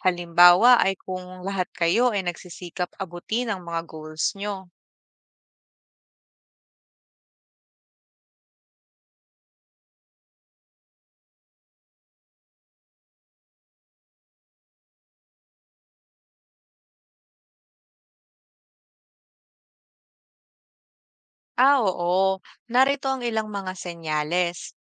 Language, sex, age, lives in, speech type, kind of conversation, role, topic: Filipino, female, 25-29, Philippines, read, expert-assistant, expert, Paano nakakaapekto ang peer pressure sa mga desisyon ng kabataan?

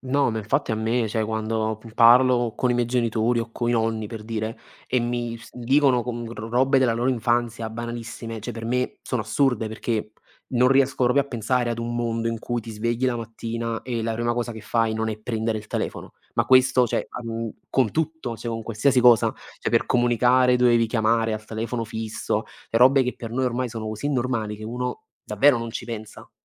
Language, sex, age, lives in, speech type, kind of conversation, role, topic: Italian, male, 20-24, Italy, conversation, unstructured, none, Che cosa ti entusiasma di più delle nuove tecnologie?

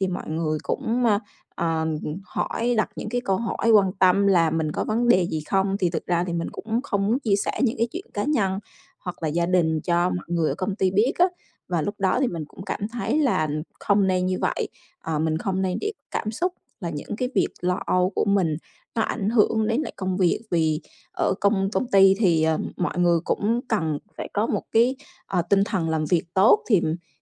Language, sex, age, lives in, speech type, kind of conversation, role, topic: Vietnamese, female, 35-39, Vietnam, conversation, podcast, guest, Bạn giữ cân bằng giữa công việc và cuộc sống như thế nào?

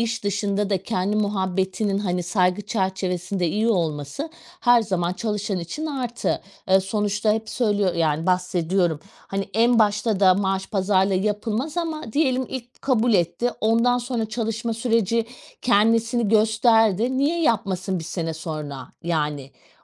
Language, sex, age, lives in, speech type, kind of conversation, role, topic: Turkish, female, 40-44, Portugal, conversation, podcast, guest, Maaş pazarlığına nasıl yaklaşırsın?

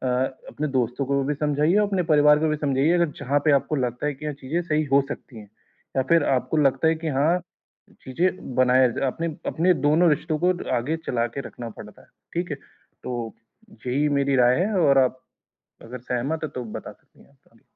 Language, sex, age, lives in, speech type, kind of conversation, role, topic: Hindi, male, 20-24, India, conversation, advice, advisor, मैं दोस्तों के साथ पार्टी और त्योहारों में सामाजिक दबाव और अपेक्षाओं को कैसे संभालूँ?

